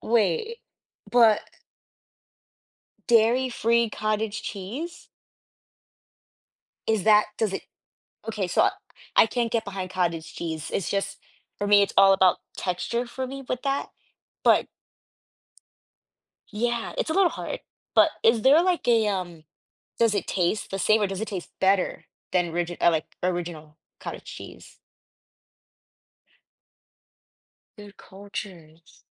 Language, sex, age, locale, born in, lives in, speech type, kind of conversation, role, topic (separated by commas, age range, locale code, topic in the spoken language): English, female, 25-29, en-US, United States, United States, conversation, podcast, host, How does sharing a homemade meal bring people together?